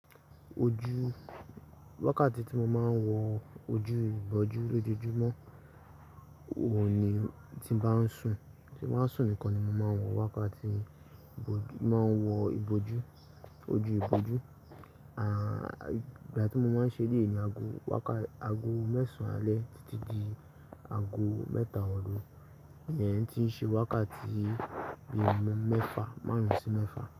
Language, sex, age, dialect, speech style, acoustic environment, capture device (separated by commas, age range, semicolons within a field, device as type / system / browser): Yoruba, male, 18-24, Nigeria - Ibadan, anxious, nature; silence, mobile / Linux / Chrome